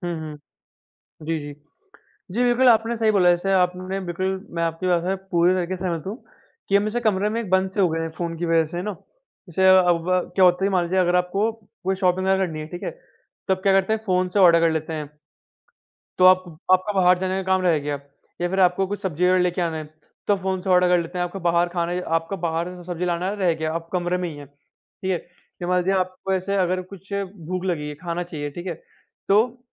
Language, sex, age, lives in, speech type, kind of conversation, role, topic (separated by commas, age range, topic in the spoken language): Hindi, male, 45-49, India, conversation, unstructured, none, क्या अधिक स्क्रीन समय हमारे मानसिक स्वास्थ्य पर बुरा असर डालता है?